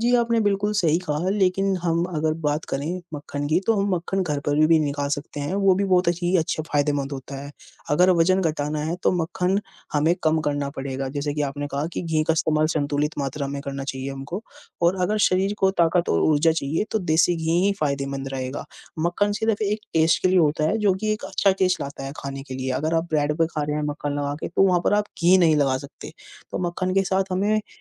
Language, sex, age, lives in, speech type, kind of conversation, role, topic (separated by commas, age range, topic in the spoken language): Hindi, female, 20-24, India, conversation, unstructured, none, आप अपने दिन की शुरुआत कैसे करते हैं?